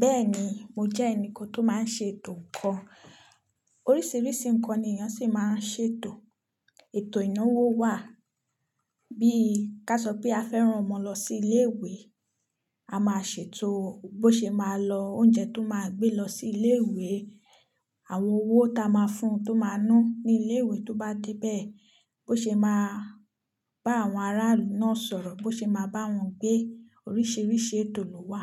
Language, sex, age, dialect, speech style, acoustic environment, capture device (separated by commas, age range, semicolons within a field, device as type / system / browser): Yoruba, female, 25-34, Nigeria - Nigerian Standard, focused, silence, mobile / Linux / Chrome